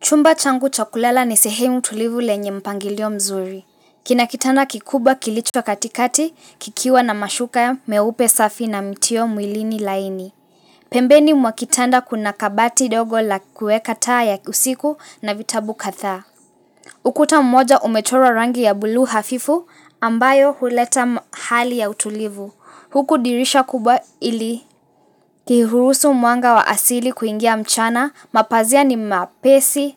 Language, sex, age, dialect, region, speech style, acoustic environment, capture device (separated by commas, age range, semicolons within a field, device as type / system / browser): Swahili, female, 18-24, Kenya - Mombasa, Kenya, relaxed; focused, silence, mobile / Linux / Chrome